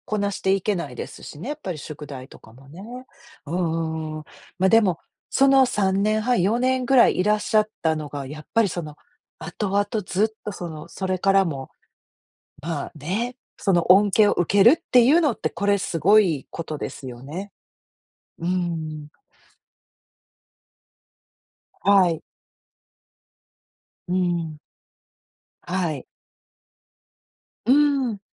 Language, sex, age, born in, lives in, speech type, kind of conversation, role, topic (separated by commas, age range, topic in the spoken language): Japanese, female, 50-54, Japan, United States, conversation, podcast, host, 言葉が通じない時、どうやって相手に伝えましたか？